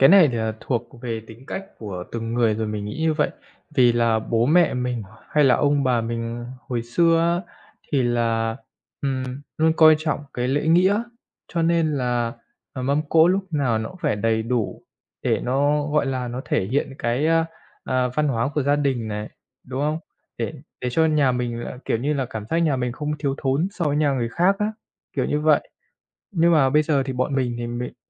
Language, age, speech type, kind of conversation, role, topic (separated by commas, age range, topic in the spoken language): Vietnamese, 30-34, conversation, unstructured, none, Bạn có cho rằng lãng phí thức ăn là một vấn đề nghiêm trọng không?